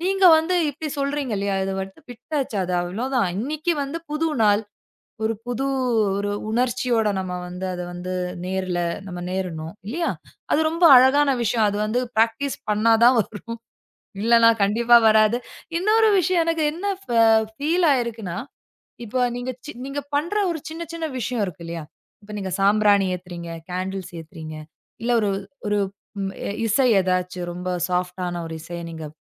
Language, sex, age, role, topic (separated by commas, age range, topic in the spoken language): Tamil, female, 35-39, host, காலையில் சிறிது நேரத்தில் மனதைத் தெளிவாக்க நீங்கள் என்ன செய்கிறீர்கள்?